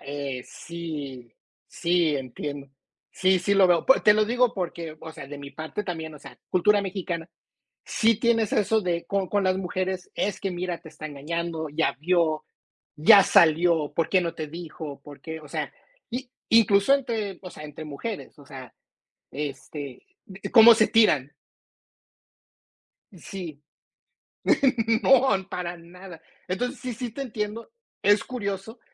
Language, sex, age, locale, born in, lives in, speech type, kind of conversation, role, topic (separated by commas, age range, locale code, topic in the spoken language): Spanish, male, 45-49, es-MX, United States, United States, conversation, unstructured, none, ¿Qué importancia tiene la confianza en una relación?